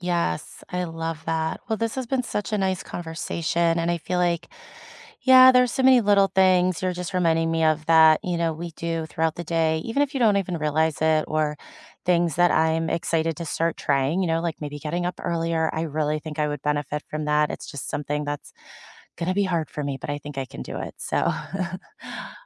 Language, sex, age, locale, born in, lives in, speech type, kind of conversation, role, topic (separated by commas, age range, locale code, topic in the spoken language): English, female, 35-39, en-US, United States, United States, conversation, unstructured, none, Which small rituals, spaces, or people help you recharge after a busy or stressful day, and why?